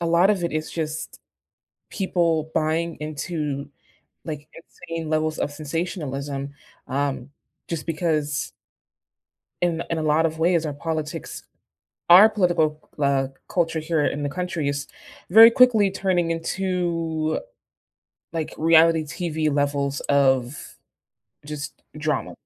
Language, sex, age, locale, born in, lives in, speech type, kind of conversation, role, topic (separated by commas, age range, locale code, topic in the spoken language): English, female, 25-29, en-US, United States, United States, conversation, unstructured, none, What risks do you see in social media influencing elections?